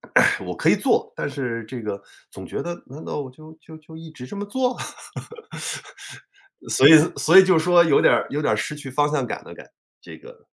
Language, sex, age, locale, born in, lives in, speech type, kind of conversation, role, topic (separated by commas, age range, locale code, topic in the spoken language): Chinese, male, 45-49, zh-CN, China, United States, conversation, advice, user, 临近或刚刚退休后，你是否感到身份认同和日常生活失去了方向感？